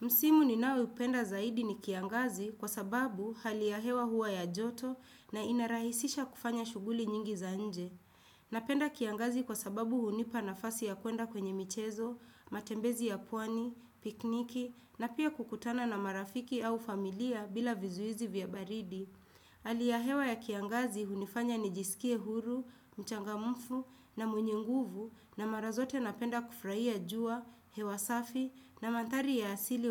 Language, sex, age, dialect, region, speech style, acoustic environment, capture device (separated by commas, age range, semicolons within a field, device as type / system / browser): Swahili, female, 25-34, Kenya - Nairobi Sheng-influenced, Kenya, relaxed, silence, desktop / Windows / Chrome